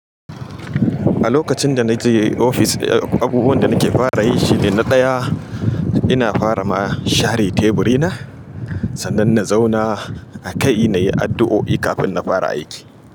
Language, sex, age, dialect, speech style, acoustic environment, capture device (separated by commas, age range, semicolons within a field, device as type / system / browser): Hausa, male, 35-44, Nigeria - Kano, happy; excited, appliances, mobile / Linux / Chrome